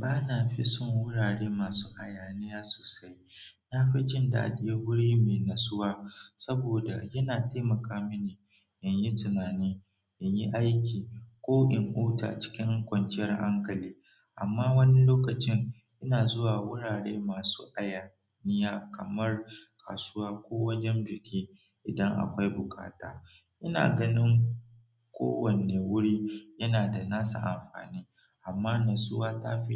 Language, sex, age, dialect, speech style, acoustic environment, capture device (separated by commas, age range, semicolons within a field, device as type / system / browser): Hausa, male, 18-24, Nigeria - Nigerian Standard, relaxed; focused, nature; silence, mobile / Android / Chrome